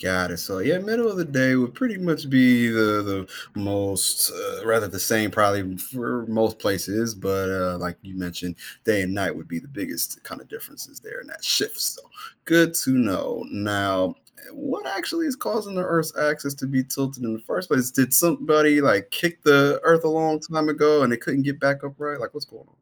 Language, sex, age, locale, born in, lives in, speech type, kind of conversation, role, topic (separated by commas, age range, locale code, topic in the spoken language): English, male, 30-34, en-US, United States, United States, read, expert-assistant, asker, What causes the seasons to change?